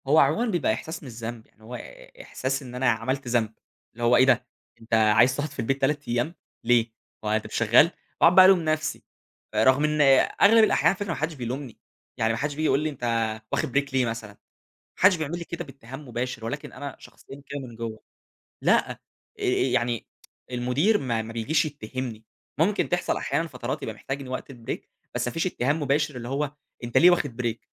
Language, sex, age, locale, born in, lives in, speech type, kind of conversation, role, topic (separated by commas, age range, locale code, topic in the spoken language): Arabic, male, 20-24, ar-EG, Egypt, Egypt, conversation, podcast, guest, ازاي توازن بين الشغل والراحة من غير ما تحس بالذنب؟